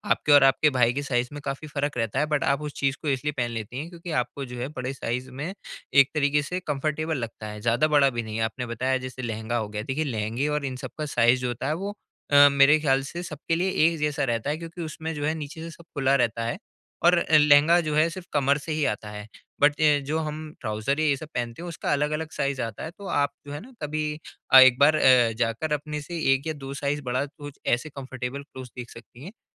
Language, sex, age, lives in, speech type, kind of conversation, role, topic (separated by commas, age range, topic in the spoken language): Hindi, male, 20-24, India, conversation, advice, advisor, कपड़ों में आराम और शैली का संतुलन आप कैसे बना सकते हैं?